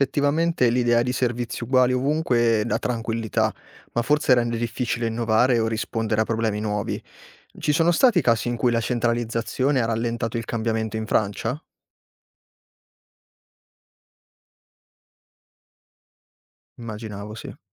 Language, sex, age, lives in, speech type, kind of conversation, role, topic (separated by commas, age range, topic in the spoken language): Italian, male, 25-29, Italy, read, expert-assistant, asker, Cosa cambia davvero nella vita delle persone tra vivere in un paese con presidente o uno con primo ministro?